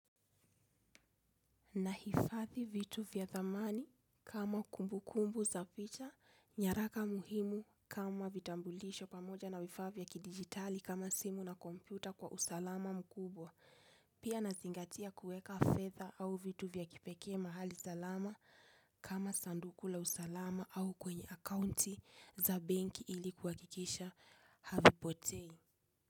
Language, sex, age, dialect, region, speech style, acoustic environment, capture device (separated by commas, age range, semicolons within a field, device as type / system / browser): Swahili, female, 25-34, Kenya - Nairobi Sheng-influenced, Kenya, focused, silence, desktop / Linux / Chrome